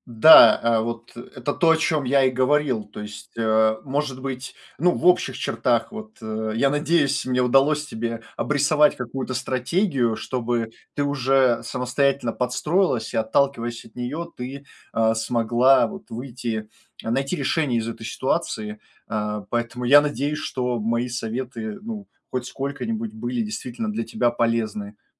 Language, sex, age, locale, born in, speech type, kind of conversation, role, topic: Russian, male, 25-29, ru-RU, Russia, conversation, advice, advisor, Как вежливо отказываться от приглашений, чтобы никого не обидеть и сохранить хорошие отношения?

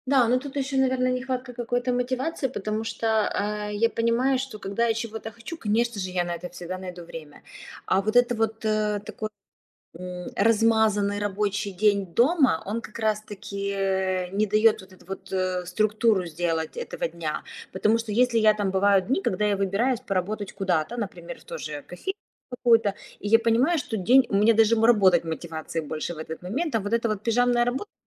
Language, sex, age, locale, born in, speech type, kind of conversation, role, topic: Russian, female, 35-39, ru-RU, Ukraine, conversation, advice, user, Как найти время и мотивацию для любимого хобби?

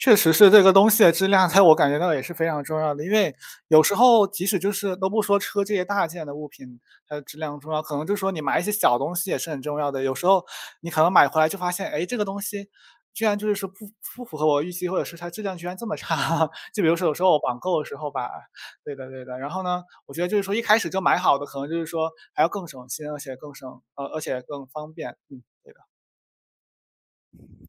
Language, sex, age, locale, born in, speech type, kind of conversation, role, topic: Chinese, male, 20-24, zh-CN, China, conversation, unstructured, none, 你觉得理财和消费应该如何平衡？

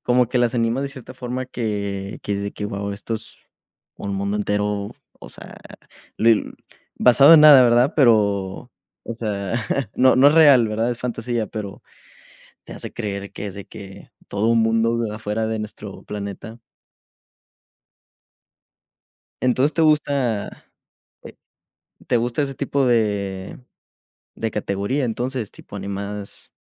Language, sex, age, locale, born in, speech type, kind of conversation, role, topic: Spanish, male, 20-24, es-MX, Mexico, conversation, unstructured, none, ¿Qué película recomendarías para levantar el ánimo?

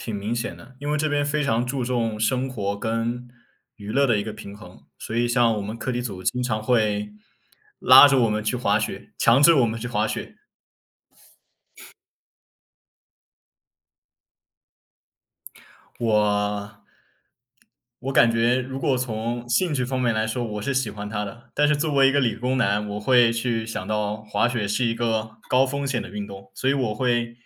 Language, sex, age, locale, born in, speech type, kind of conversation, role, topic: Chinese, male, 20-24, zh-CN, China, conversation, unstructured, none, 你最近有没有遇到什么让你特别开心或难忘的事情？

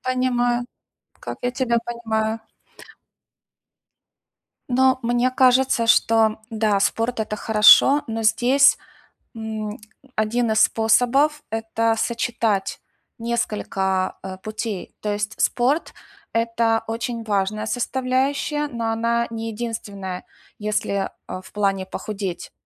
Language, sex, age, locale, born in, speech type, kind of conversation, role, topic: Russian, female, 45-49, ru-UA, Ukraine, conversation, advice, advisor, Как снова найти мотивацию после того, как прогресс остановился?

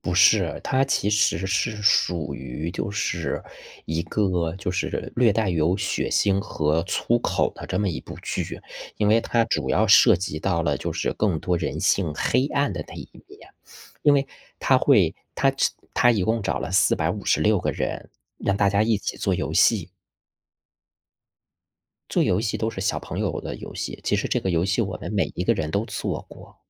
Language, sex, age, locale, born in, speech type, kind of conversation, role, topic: Chinese, male, 35-39, zh-CN, China, conversation, unstructured, none, 最近有没有哪部电视剧让你觉得很开心？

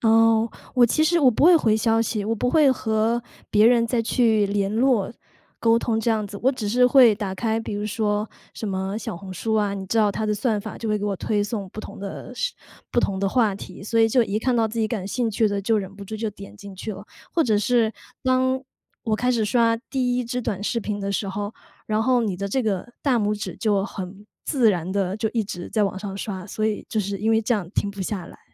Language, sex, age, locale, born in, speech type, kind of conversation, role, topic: Chinese, female, 35-39, zh-CN, China, conversation, advice, user, 睡前刷手机会怎样影响你的睡眠，你又想如何培养更好的睡前习惯？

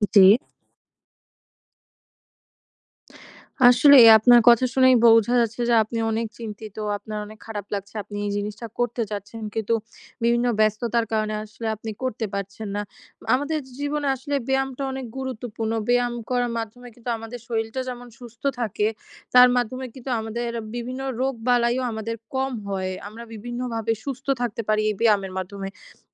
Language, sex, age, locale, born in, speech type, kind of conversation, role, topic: Bengali, female, 20-24, bn-BD, Bangladesh, conversation, advice, advisor, আমি ব্যায়াম শুরু করেছি, কিন্তু নিয়মিত রাখতে পারছি না—আমি কীভাবে এটি বজায় রাখতে পারি?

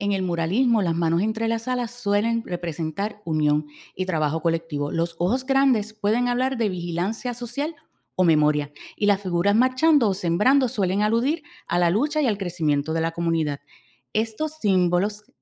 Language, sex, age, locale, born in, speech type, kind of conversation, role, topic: Spanish, female, 45-49, es-US, Puerto Rico, read, expert-assistant, expert, ¿Qué es más impactante para el espectador: una pintura realista o una obra abstracta?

